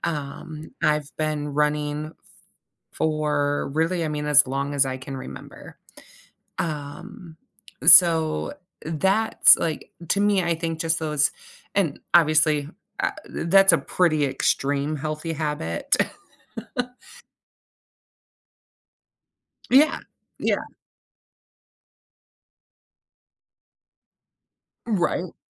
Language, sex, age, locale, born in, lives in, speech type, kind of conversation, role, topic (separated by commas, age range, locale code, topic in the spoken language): English, female, 35-39, en-US, United States, United States, conversation, podcast, guest, What are effective ways to maintain healthy routines when life gets hectic?